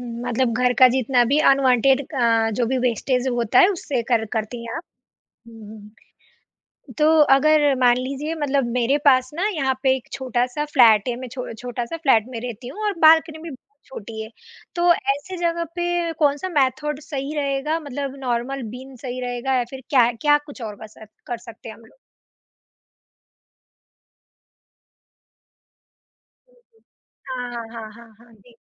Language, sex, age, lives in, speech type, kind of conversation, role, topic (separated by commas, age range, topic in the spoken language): Hindi, female, 20-24, India, conversation, podcast, host, Batao, compost banana shuru karne ka sabse aasaan tareeka kya hai?